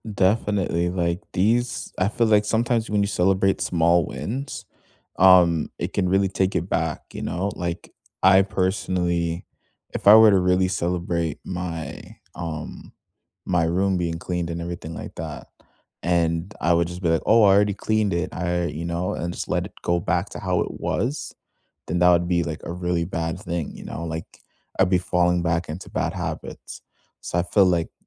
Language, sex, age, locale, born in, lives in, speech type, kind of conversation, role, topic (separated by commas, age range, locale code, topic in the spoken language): English, male, 20-24, en-CA, Canada, Canada, conversation, unstructured, none, What small win from this week are you celebrating?